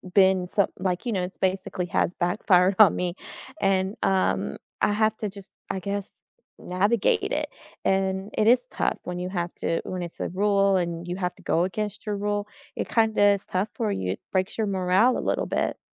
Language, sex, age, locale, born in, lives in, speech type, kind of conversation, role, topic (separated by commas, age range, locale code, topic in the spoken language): English, female, 35-39, en-US, United States, United States, conversation, unstructured, none, What personal rule guides your everyday choices, and where did it come from?